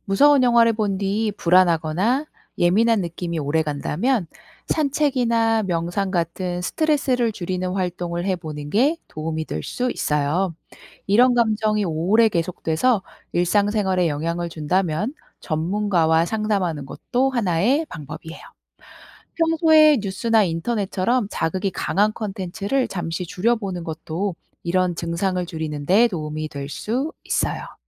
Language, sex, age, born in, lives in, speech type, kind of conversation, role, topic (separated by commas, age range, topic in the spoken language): Korean, female, 35-39, South Korea, South Korea, read, expert-assistant, expert, 왜 무서운 영화를 보면 심장이 두근거릴까요?